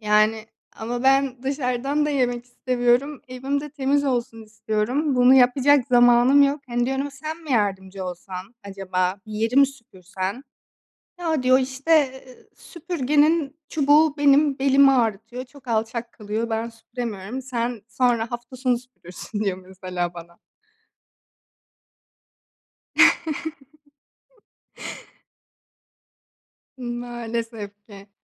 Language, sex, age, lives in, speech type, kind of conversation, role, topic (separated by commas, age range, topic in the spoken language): Turkish, female, 25-29, Greece, conversation, advice, user, Aile içindeki ev işleri ve sorumluluk paylaşımını neden adil bulmuyorsunuz?